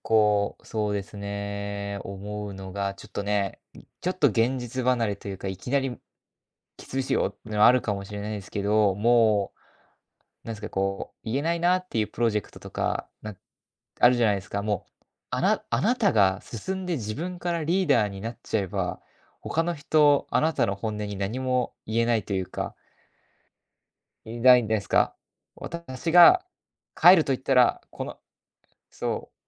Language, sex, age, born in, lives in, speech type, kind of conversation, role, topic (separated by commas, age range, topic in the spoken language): Japanese, male, 20-24, Japan, Japan, conversation, advice, advisor, 人に合わせ過ぎて本音を言えないのは、どうすれば改善できますか？